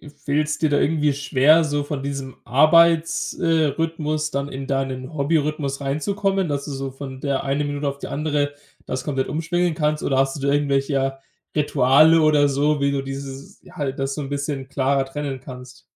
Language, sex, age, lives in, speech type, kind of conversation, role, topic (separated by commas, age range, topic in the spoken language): German, male, 20-24, Germany, conversation, podcast, host, Wie findest du eine gute Balance zwischen Arbeit und Hobbys?